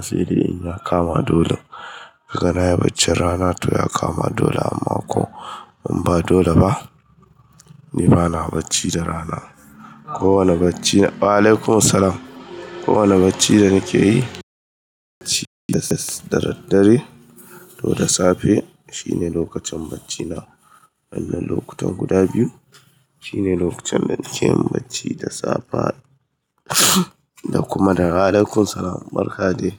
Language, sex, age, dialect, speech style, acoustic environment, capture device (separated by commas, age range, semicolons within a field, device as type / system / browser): Hausa, male, 25-34, Nigeria - Kano, happy; excited, people talking; construction, mobile / Linux / Chrome